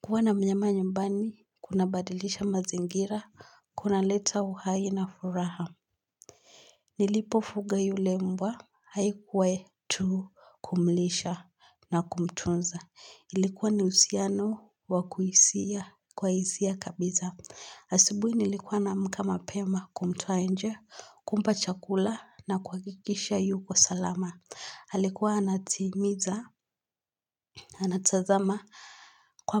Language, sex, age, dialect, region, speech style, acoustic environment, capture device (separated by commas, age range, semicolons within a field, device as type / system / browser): Swahili, female, 18-24, Kenya - Nairobi Sheng-influenced, Kenya, happy; excited; focused; relaxed, silence, mobile / Linux / Chrome